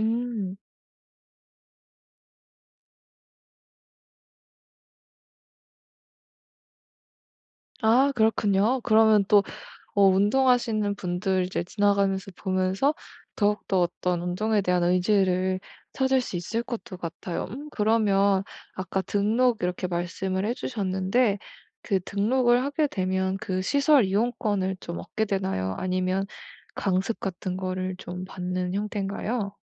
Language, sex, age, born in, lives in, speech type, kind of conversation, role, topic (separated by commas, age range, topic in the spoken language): Korean, female, 25-29, South Korea, Germany, conversation, advice, advisor, 운동을 새로 시작하려고 하는데 불안하고 걱정될 때 어떻게 해야 하나요?